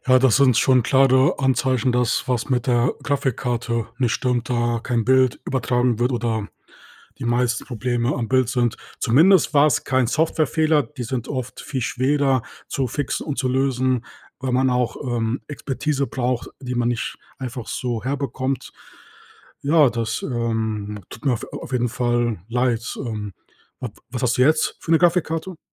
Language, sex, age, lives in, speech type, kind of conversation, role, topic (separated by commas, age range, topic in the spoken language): German, male, 30-34, Germany, conversation, podcast, host, Welche Technikpanne wirst du nie vergessen?